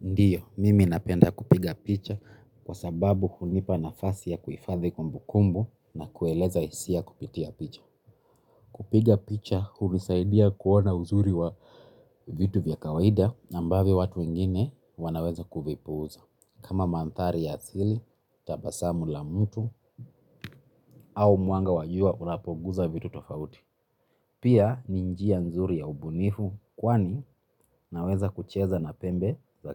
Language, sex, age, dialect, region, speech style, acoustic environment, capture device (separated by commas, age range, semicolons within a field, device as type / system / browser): Swahili, male, 25-34, Kenya - Nairobi Sheng-influenced, Kenya, relaxed; happy, nature; silence, mobile / Linux / Chrome